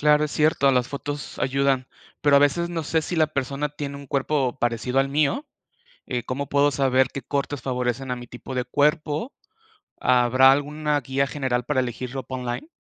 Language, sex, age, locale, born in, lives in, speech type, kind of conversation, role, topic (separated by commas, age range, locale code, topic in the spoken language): Spanish, male, 35-39, es-MX, Mexico, Mexico, read, expert-assistant, asker, ¿Cómo elegir la talla y el tipo de ropa adecuada al comprar en línea?